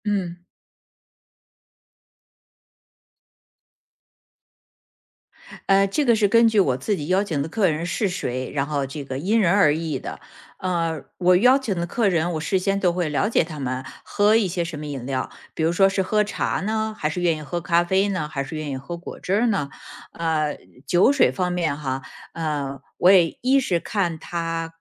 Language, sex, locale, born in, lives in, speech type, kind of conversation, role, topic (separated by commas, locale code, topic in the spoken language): Chinese, female, zh-CN, China, United States, conversation, podcast, guest, 客人来你家时，你通常会怎么准备和接待？